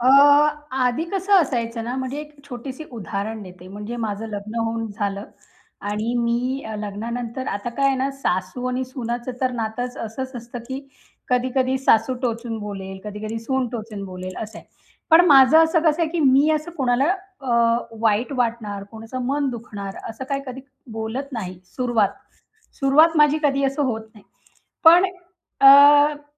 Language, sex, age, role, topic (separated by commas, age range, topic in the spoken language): Marathi, female, 35-39, guest, कोणी तुमच्या मर्यादांचा भंग केला तर तुम्ही काय करता?